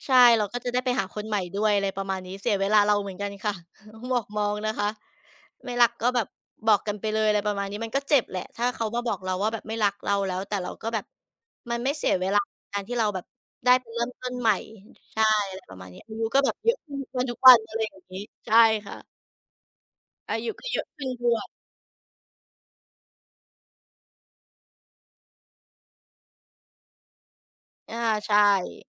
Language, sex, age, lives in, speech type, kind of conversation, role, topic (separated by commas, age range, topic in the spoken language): Thai, female, 35-39, Thailand, conversation, unstructured, none, คุณคิดอย่างไรกับการนอกใจในความสัมพันธ์?